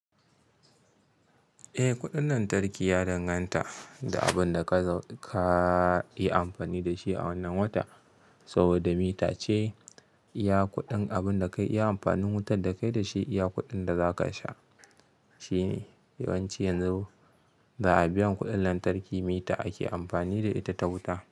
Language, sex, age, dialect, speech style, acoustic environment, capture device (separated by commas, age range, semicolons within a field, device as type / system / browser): Hausa, male, 25-34, Nigeria - Kano, happy, silence, mobile / Linux / Chrome